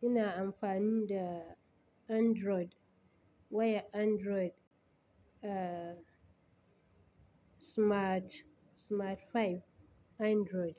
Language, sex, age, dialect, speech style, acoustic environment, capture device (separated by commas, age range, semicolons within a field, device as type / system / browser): Hausa, female, 35-44, Nigeria - Kano, happy, people talking, desktop / Linux / Chrome